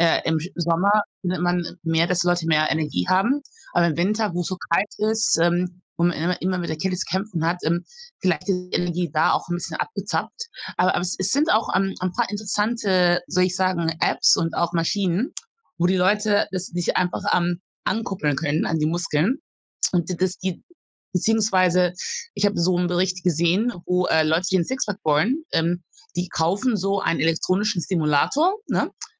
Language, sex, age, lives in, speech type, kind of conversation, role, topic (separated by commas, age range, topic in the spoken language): German, female, 40-44, Germany, conversation, advice, advisor, Welche Schwierigkeiten hast du dabei, neue Routinen aufzubauen?